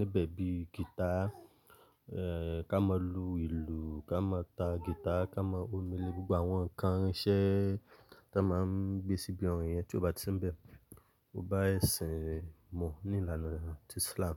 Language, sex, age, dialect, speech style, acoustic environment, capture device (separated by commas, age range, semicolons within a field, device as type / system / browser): Yoruba, male, 25-34, Nigeria - Lagos Yoruba, happy; relaxed, silence, mobile / Linux / Chrome